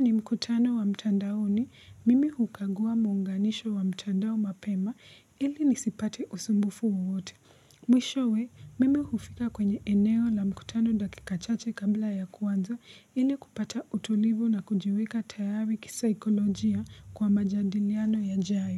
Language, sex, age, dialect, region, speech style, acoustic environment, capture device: Swahili, female, 18-24, Kenya - Nairobi Sheng-influenced, Kenya, focused; relaxed, silence; appliances, mobile / Linux / Chrome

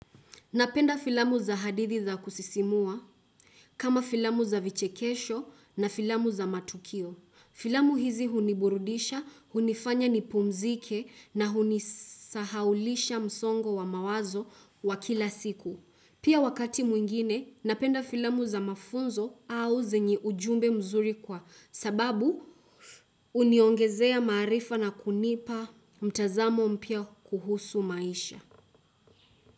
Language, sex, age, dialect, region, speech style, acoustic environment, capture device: Swahili, female, 18-24, Kenya - Nairobi Sheng-influenced, Kenya, focused, silence, desktop / Windows / Chrome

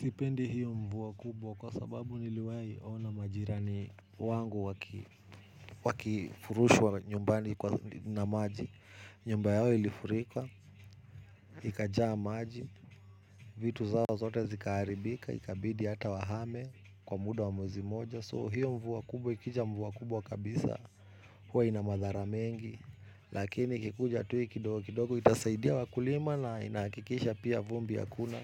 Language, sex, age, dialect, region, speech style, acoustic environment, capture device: Swahili, male, 35-44, Kenya - Nairobi Sheng-influenced, Kenya, relaxed, music, mobile / Linux / Chrome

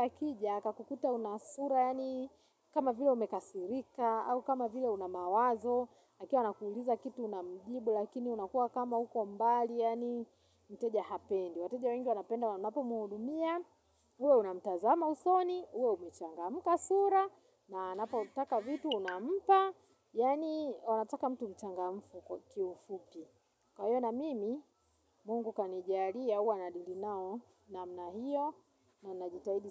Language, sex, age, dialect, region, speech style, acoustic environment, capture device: Swahili, female, 35-44, Tanzania - Dar es Salaam, Tanzania, happy, silence, mobile / Linux / Chrome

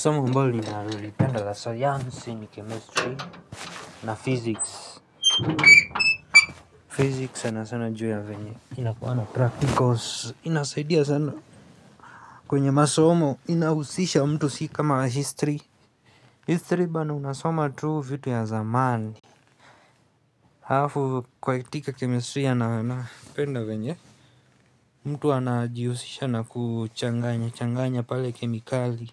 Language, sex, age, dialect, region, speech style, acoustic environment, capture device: Swahili, male, 25-34, Kenya - Nairobi Sheng-influenced, Kenya, excited, people talking, mobile / Linux / Chrome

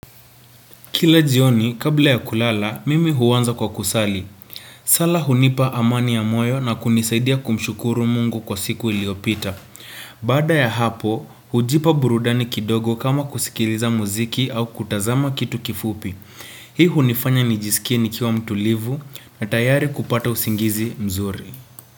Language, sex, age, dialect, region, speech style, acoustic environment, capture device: Swahili, male, 18-24, Kenya - Nairobi Sheng-influenced, Kenya, relaxed; focused, nature; silence, desktop / Windows / Chrome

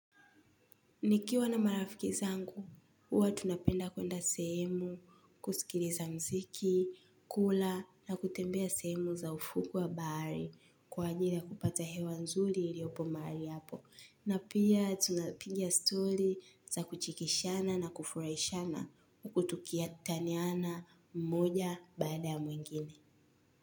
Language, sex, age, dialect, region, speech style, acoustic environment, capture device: Swahili, female, 18-24, Tanzania - Dar es Salaam, Tanzania, relaxed, nature, mobile / Linux / Chrome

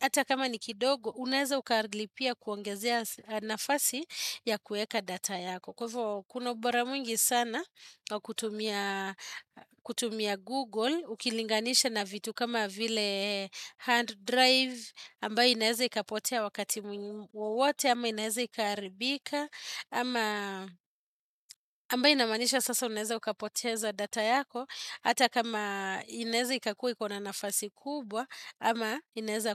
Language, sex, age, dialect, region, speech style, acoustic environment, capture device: Swahili, female, 35-44, Kenya - Nairobi Sheng-influenced, Kenya, relaxed, appliances, desktop / Windows / Chrome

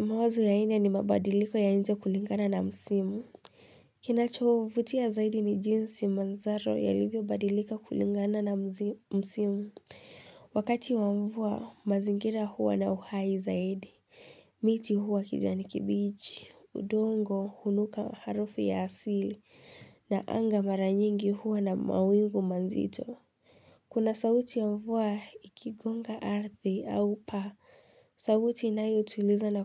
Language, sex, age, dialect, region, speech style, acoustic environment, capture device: Swahili, female, 18-24, Kenya - Mombasa, Kenya, tired, silence, mobile / Linux / Chrome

